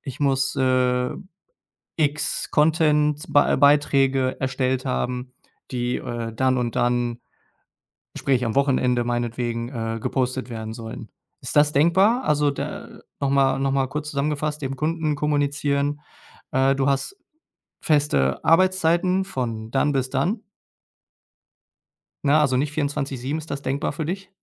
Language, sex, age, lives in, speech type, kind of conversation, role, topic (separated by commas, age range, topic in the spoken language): German, male, 30-34, Germany, conversation, advice, advisor, Wie kann ich Zeit für meine wichtigsten Aufgaben blockieren, ohne abgelenkt zu werden?